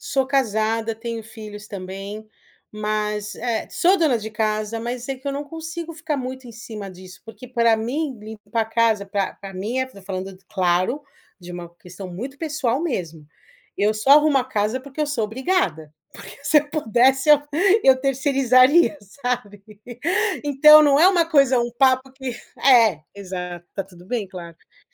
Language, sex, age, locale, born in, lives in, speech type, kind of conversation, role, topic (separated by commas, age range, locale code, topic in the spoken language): Portuguese, female, 40-44, pt-BR, Brazil, United States, conversation, podcast, guest, Como transformar contatos em amizades de verdade?